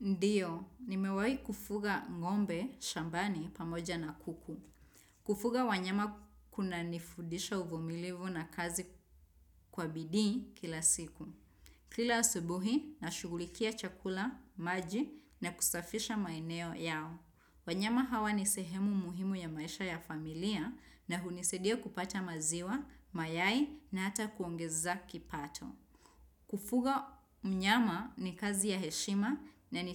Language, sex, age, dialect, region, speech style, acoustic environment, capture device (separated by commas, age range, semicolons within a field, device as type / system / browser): Swahili, female, 25-34, Kenya - Nairobi Sheng-influenced, Kenya, excited; relaxed; focused, nature, desktop / Windows / Chrome